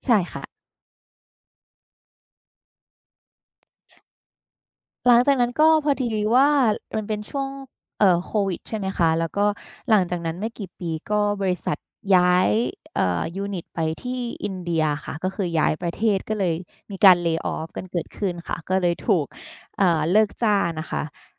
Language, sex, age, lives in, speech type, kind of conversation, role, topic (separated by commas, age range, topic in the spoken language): Thai, female, 30-34, Thailand, conversation, podcast, guest, คุณจะเลือกงานแบบไหนเมื่อจำเป็นต้องเลือกระหว่างเงินกับความสุข?